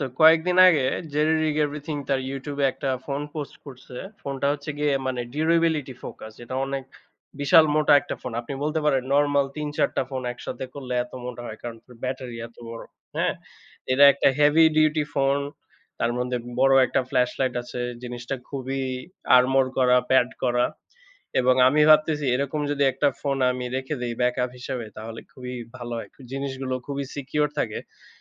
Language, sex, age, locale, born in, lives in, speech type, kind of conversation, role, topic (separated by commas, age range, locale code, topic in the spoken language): Bengali, male, 25-29, bn-BD, Bangladesh, Bangladesh, conversation, unstructured, none, আপনার সামাজিক যোগাযোগমাধ্যম ব্যবহারের অভ্যাস কেমন?